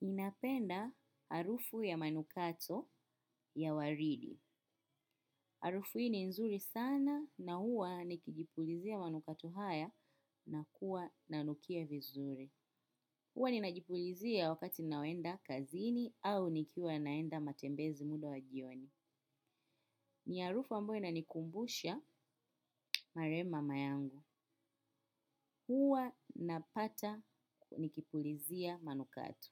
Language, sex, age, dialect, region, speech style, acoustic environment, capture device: Swahili, female, 25-34, Kenya - Nairobi Sheng-influenced, Kenya, happy; focused; relaxed; excited, silence, desktop / macOS / Chrome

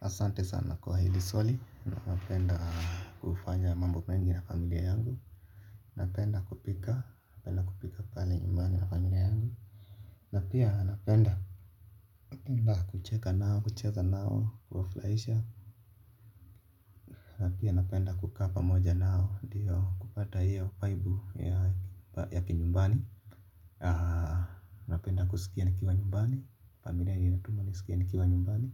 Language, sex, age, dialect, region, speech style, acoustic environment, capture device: Swahili, male, 25-34, Kenya - Nairobi Sheng-influenced, Kenya, relaxed, silence; nature, mobile / Linux / Chrome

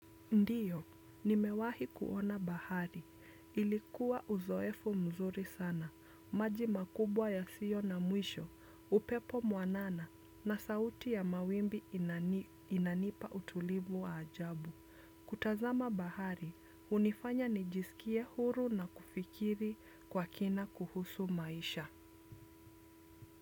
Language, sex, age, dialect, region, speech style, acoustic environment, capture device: Swahili, female, 25-34, Kenya - Nairobi Sheng-influenced, Kenya, happy, silence, desktop / Windows / Chrome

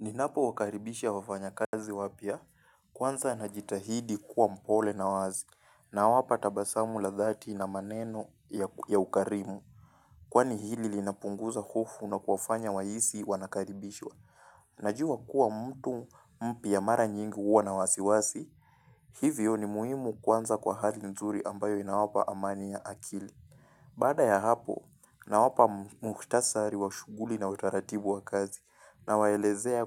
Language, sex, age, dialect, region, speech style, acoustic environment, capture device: Swahili, male, 18-24, Kenya - Mombasa, Kenya, focused, silence, mobile / Linux / Chrome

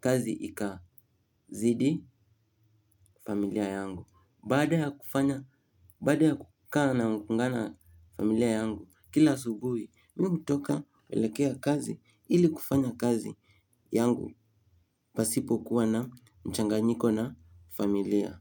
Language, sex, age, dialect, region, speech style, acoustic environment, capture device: Swahili, male, 18-24, Kenya - Nairobi Sheng-influenced, Kenya, happy, silence, mobile / Linux / Chrome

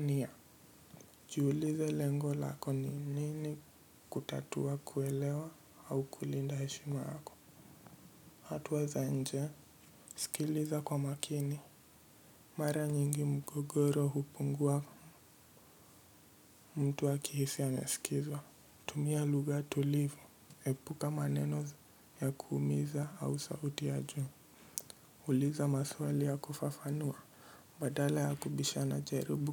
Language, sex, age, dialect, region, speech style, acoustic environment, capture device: Swahili, male, 18-24, Kenya - Mombasa, Kenya, anxious; nervous; focused; stressed, nature; silence, mobile / Linux / Edge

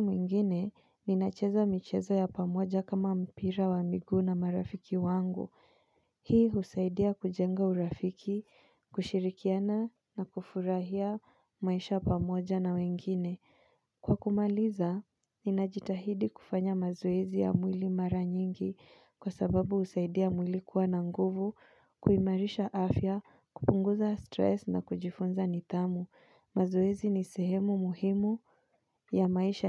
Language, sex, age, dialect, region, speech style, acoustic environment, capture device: Swahili, female, 25-34, Kenya - Nairobi Sheng-influenced, Kenya, relaxed; focused, silence, mobile / Linux / Chrome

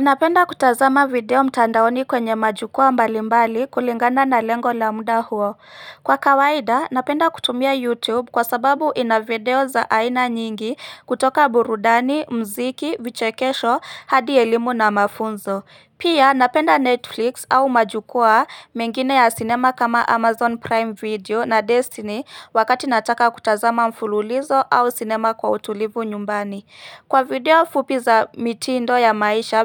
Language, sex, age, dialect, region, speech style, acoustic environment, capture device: Swahili, female, 25-34, Kenya - Nairobi Sheng-influenced, Kenya, excited, silence, mobile / Linux / Chrome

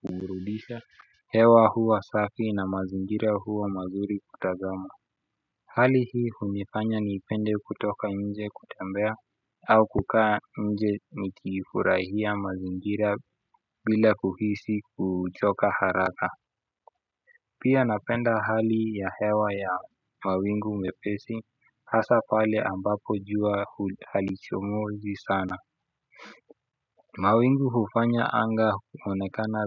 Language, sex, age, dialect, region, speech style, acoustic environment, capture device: Swahili, male, 25-34, Kenya - Nairobi Sheng-influenced, Kenya, focused; relaxed, people talking; music; appliances, desktop / Windows / Chrome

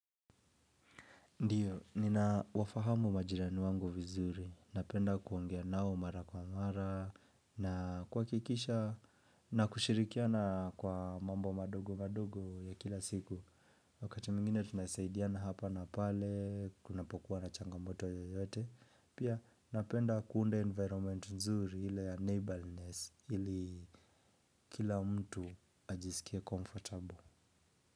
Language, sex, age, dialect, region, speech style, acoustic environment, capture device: Swahili, male, 25-34, Kenya - Nairobi Sheng-influenced, Kenya, relaxed, silence, mobile / Linux / Edge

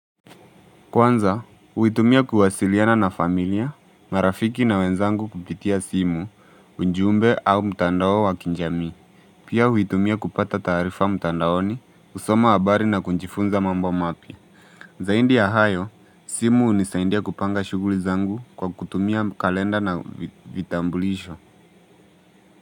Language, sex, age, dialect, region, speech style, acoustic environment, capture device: Swahili, male, 18-24, Kenya - Mombasa, Kenya, relaxed, nature, mobile / Linux / Chrome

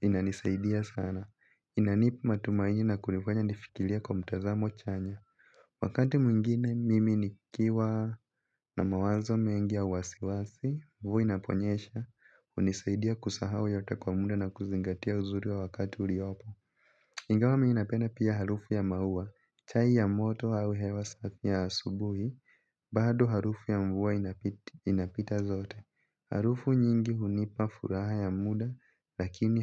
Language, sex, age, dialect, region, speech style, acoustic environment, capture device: Swahili, male, 18-24, Kenya - Nairobi Sheng-influenced, Kenya, focused; relaxed, nature, mobile / Linux / Chrome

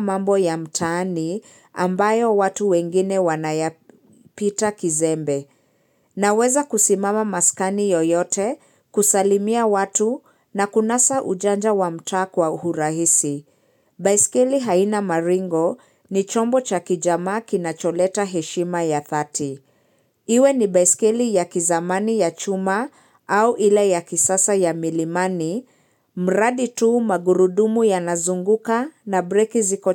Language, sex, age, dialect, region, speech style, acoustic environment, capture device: Swahili, female, 25-34, Kenya - Nairobi Sheng-influenced, Kenya, focused, silence, mobile / Linux / Chrome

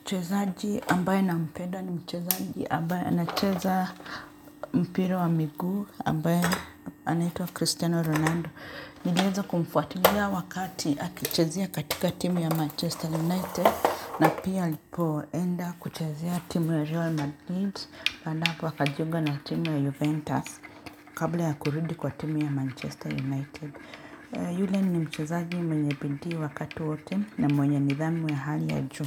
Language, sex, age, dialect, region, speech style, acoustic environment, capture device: Swahili, male, 35-44, Kenya - Nairobi Sheng-influenced, Kenya, relaxed, appliances, mobile / Linux / Chrome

